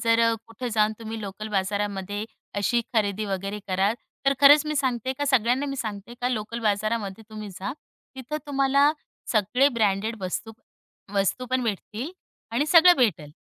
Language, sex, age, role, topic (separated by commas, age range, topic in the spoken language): Marathi, female, 35-39, guest, तुमच्या शैलीची ओळख ठरणारा खास कपड्याचा किंवा दागिन्यांचा कोणता भाग आहे?